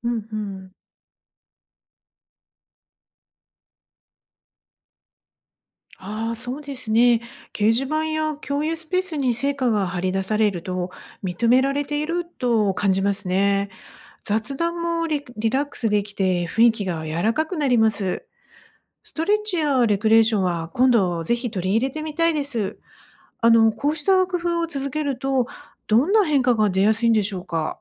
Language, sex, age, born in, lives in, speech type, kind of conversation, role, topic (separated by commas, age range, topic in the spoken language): Japanese, female, 50-54, Japan, Japan, read, expert-assistant, asker, オペレーション業務で壁にぶつかったとき、自分を成長させるためにどんなことを意識すればいいですか？